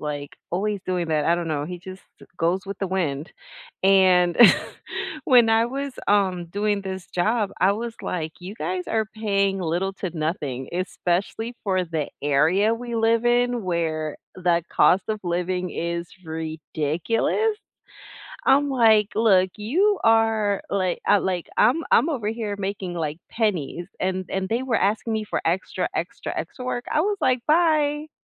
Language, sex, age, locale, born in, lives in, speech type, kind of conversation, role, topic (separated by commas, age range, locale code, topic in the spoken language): English, female, 40-44, en-US, United States, United States, conversation, unstructured, none, What skill do you admire in others, and how are you practicing it?